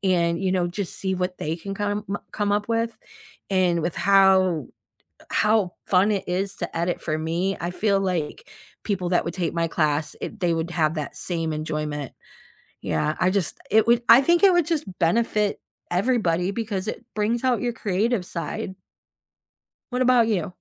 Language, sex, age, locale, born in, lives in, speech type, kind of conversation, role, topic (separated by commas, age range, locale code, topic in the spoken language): English, female, 50-54, en-US, United States, United States, conversation, unstructured, none, What would your dream class teach, why would it matter to you, and who would benefit from it?